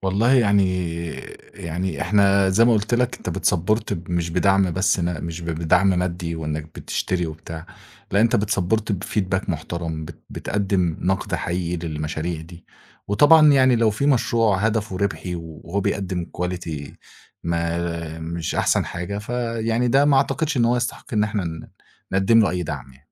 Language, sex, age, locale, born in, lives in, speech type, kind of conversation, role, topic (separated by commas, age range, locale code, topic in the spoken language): Arabic, male, 25-29, ar-EG, Egypt, Egypt, conversation, podcast, guest, إيه دور المجتمع المحلي في دعم الاستدامة؟